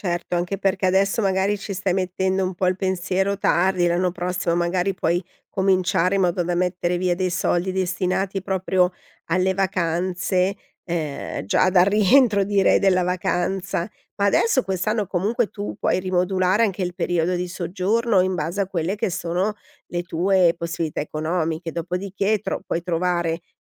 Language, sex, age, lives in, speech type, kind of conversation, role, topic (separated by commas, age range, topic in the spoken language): Italian, female, 50-54, Italy, conversation, advice, advisor, Come posso decidere come dare priorità ai risparmi per la casa, le vacanze o la pensione?